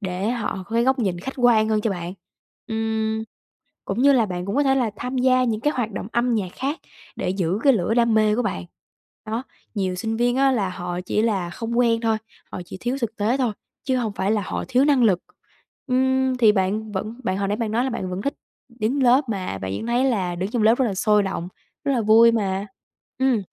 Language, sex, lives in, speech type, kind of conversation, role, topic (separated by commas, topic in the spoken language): Vietnamese, female, Vietnam, conversation, advice, advisor, Tôi đang nghi ngờ một quyết định lớn mình đã đưa ra—liệu tôi có nên thay đổi không?